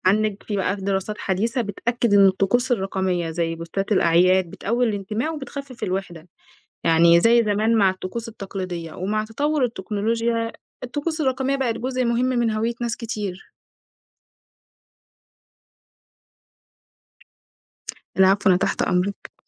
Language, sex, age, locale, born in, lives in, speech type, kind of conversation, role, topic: Arabic, female, 20-24, ar-EG, Egypt, Egypt, read, expert-assistant, expert, إيه سر وجود طقوس روحية مشتركة بين ثقافات وأديان مختلفة زي الصلاة أو الشموع أو المياه المقدسة؟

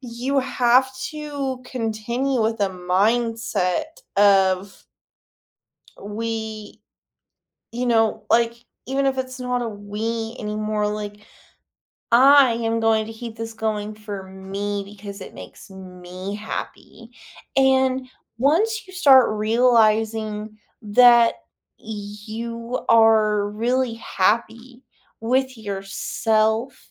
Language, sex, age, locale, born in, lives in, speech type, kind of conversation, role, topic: English, female, 30-34, en-US, United States, United States, conversation, podcast, host, What helps people stick with positive habits even when motivation fades?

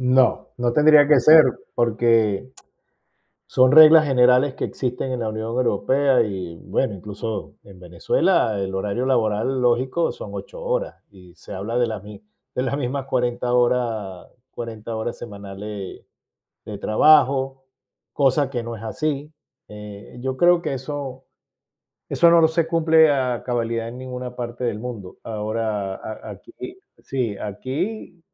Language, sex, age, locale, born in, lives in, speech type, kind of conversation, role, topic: Spanish, male, 55-59, es-VE, Venezuela, Spain, conversation, unstructured, none, ¿Cómo te prepararías para una negociación importante?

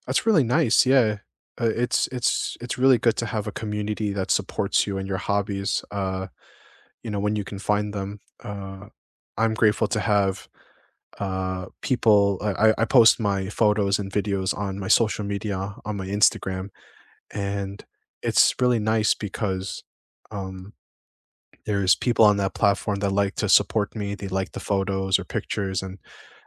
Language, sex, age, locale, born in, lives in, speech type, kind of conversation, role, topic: English, male, 25-29, en-US, United States, United States, conversation, unstructured, none, What’s a hobby that has brought unexpected joy to your life?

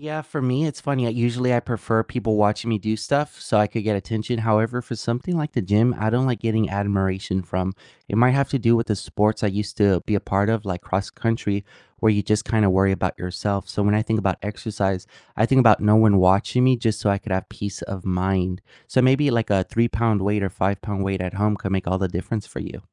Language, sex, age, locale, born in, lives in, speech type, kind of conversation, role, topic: English, male, 20-24, en-US, United States, United States, conversation, unstructured, none, How do you make exercise fun in your daily life?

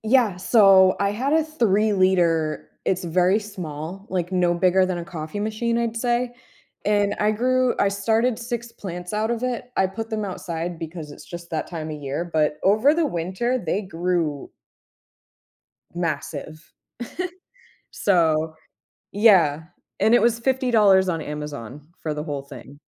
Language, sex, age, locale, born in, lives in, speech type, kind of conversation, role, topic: English, female, 30-34, en-US, United States, United States, conversation, podcast, guest, Why do so many people find gardening rewarding and meaningful?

